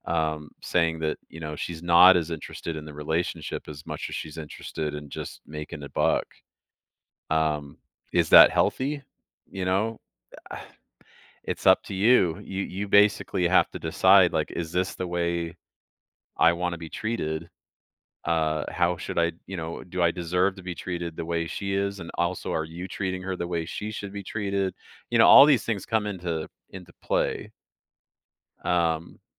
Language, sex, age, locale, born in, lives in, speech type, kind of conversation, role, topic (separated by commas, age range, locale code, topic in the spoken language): English, male, 45-49, en-US, Canada, United States, conversation, advice, advisor, How do I heal and rebuild my life after a sudden breakup from a long-term relationship?